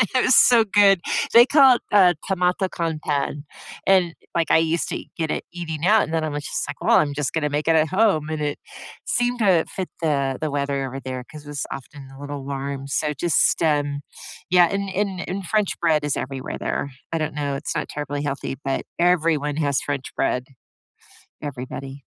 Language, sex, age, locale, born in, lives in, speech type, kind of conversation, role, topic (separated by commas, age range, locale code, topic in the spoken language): English, female, 55-59, en-US, United States, United States, conversation, unstructured, none, Which easy, feel-good meals lift your mood, and what memories or rituals make them special?